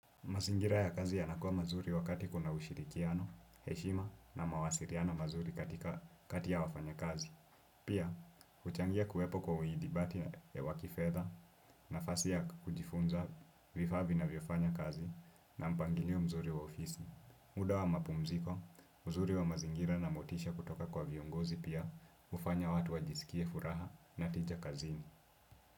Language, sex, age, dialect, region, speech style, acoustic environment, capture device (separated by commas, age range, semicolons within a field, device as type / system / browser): Swahili, male, 18-24, Kenya - Nairobi Sheng-influenced, Kenya, relaxed, silence, desktop / Windows / Chrome